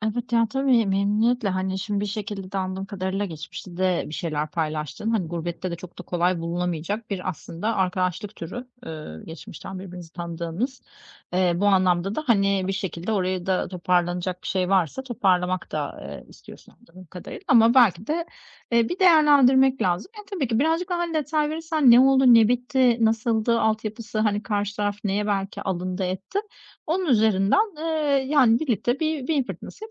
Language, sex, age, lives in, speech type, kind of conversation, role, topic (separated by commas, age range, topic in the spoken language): Turkish, female, 40-44, Greece, conversation, advice, advisor, Sosyal bir gaftan sonra özgüvenimi yeniden nasıl kolayca kazanabilirim?